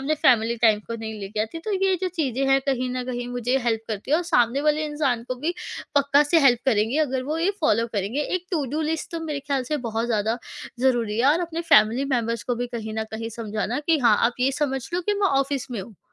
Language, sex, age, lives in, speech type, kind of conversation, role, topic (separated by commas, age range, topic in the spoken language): Hindi, female, 20-24, India, conversation, podcast, guest, Work from home mein boundaries set karne ka sabse effective tareeka kya hai?